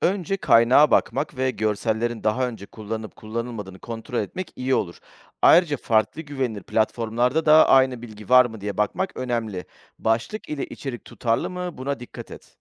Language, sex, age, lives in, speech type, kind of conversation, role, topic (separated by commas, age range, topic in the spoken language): Turkish, male, 40-44, Greece, read, expert-assistant, expert, Günümüzde televizyon ve sosyal medyanın bilgi yayma şekilleri nasıl farklılık gösteriyor?